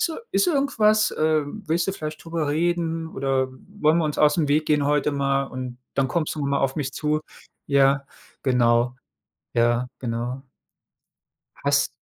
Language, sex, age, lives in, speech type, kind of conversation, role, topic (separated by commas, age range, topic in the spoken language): German, male, 35-39, Germany, conversation, unstructured, none, Was machst du, wenn dich jemand unfair behandelt?